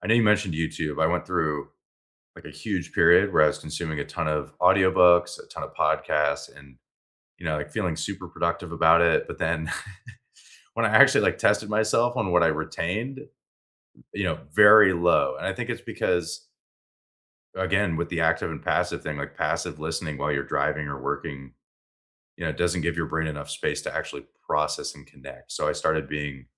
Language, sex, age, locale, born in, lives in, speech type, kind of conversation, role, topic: English, male, 25-29, en-US, United States, United States, conversation, unstructured, none, Which book or lesson changed how you see learning, and what made it stick with you?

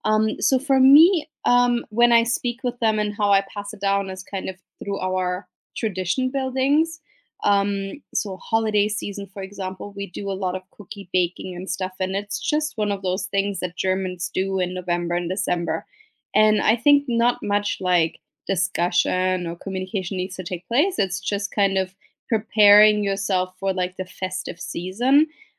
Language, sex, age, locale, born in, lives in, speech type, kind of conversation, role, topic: English, female, 35-39, en-DE, Germany, United States, conversation, unstructured, none, How do you pass on cultural knowledge to younger generations?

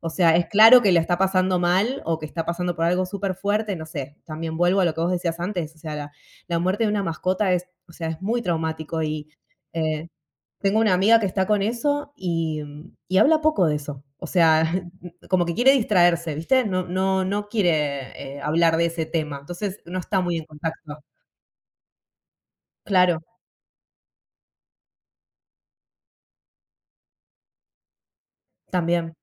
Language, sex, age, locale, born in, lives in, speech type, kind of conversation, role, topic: Spanish, female, 35-39, es-AR, Argentina, United States, conversation, unstructured, none, ¿Alguna vez has sentido que te juzgan por pedir ayuda emocional?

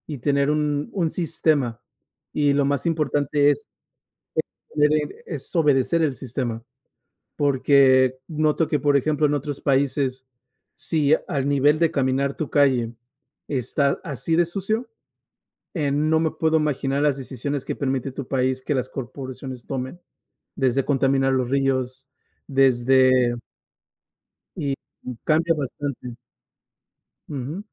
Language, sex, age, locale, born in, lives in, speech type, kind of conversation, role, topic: Spanish, male, 35-39, es-MX, United States, United States, conversation, unstructured, none, ¿El cambio climático es responsabilidad de todos o solo de algunos?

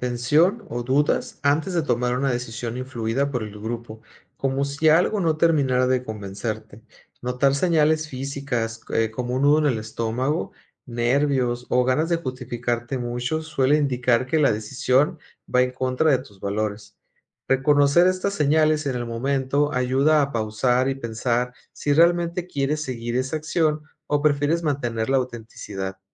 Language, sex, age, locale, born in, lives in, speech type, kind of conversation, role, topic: Spanish, male, 40-44, es-MX, Mexico, Mexico, read, expert-assistant, expert, ¿Alguna vez has sentido presión de hacer algo solo porque es lo que la mayoría espera?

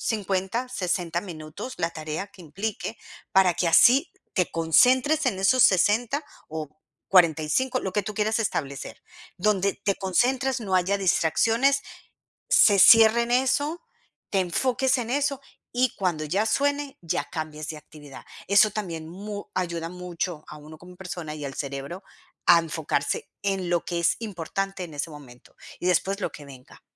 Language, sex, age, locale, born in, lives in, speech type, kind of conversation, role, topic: Spanish, female, 45-49, es-CO, Colombia, United States, conversation, advice, advisor, ¿Por qué estás posponiendo tareas importantes?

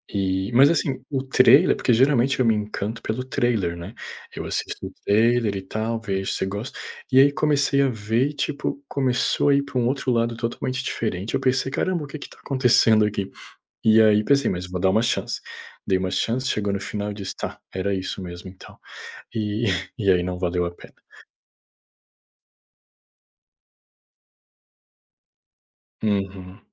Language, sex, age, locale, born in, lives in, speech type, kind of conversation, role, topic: Portuguese, male, 30-34, pt-BR, Brazil, Portugal, conversation, unstructured, none, Por que as séries de TV são tão populares atualmente?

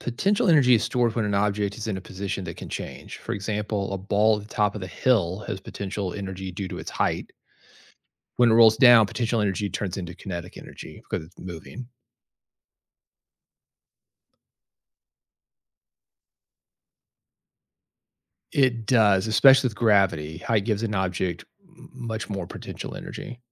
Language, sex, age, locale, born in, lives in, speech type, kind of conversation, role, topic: English, male, 50-54, en-US, United States, United States, read, expert-assistant, expert, What are the different types of energy?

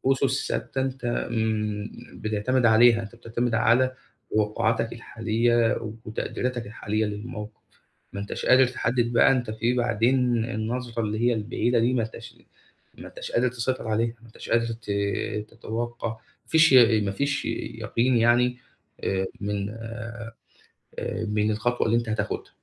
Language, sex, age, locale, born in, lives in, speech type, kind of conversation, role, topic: Arabic, male, 25-29, ar-EG, Egypt, Egypt, conversation, advice, user, إزاي أخد قرارات في شركتي لما الدنيا تبقى مش واضحة؟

